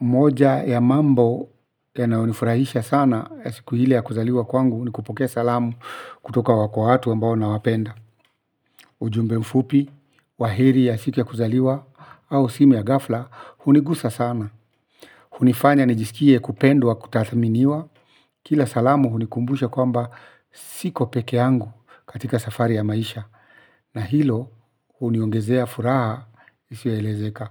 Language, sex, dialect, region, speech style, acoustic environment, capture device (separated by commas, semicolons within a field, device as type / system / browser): Swahili, male, Kenya - Nairobi Sheng-influenced, Kenya, focused; relaxed, silence, mobile / Linux / Chrome